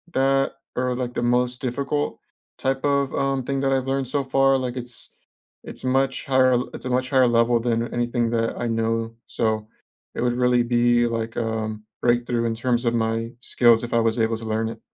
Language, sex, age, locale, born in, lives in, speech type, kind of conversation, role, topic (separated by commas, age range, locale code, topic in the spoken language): English, male, 25-29, en-US, United States, United States, conversation, unstructured, none, What are you looking forward to this month, and how can we share or support it together?